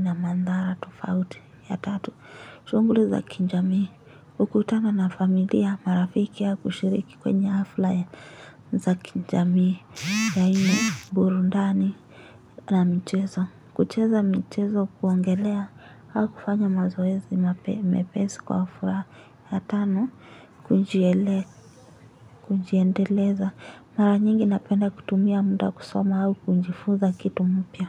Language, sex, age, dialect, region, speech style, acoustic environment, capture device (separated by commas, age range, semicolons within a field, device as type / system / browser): Swahili, female, 18-24, Kenya - Nairobi Sheng-influenced, Kenya, anxious, nature, mobile / Linux / Chrome